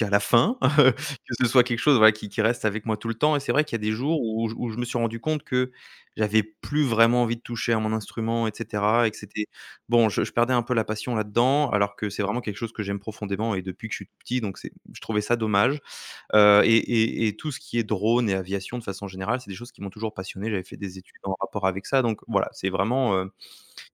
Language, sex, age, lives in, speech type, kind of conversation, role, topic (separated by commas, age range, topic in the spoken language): French, male, 30-34, France, conversation, advice, user, Envisagez-vous de reprendre des études ou de vous reconvertir professionnellement à l’âge adulte, et pour quelles raisons ?